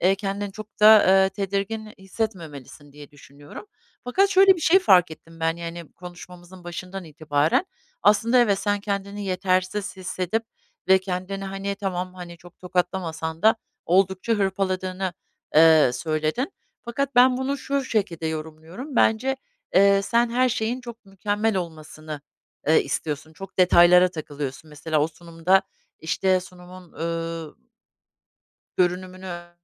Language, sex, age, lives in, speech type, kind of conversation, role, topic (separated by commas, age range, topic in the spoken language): Turkish, female, 50-54, United States, conversation, advice, advisor, Kendi yeterliliğini sürekli sorgulayıp yetersiz hissettiğini ne zaman ve hangi durumlarda daha çok yaşıyorsun?